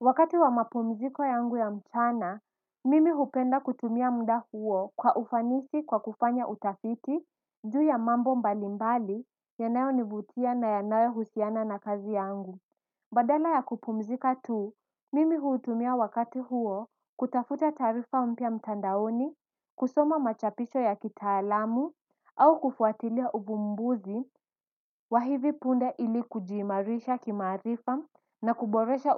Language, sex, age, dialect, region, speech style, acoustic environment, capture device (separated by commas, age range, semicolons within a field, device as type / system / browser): Swahili, female, 18-24, Kenya - Mombasa, Kenya, focused, silence; nature, desktop / Windows / Edge